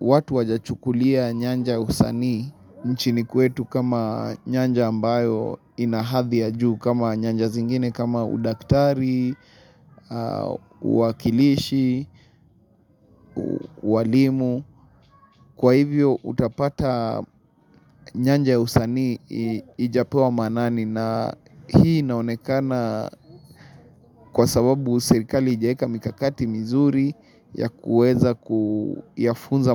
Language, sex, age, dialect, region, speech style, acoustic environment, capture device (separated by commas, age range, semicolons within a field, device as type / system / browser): Swahili, male, 25-34, Kenya - Nairobi Sheng-influenced, Kenya, excited, silence, mobile / Linux / Chrome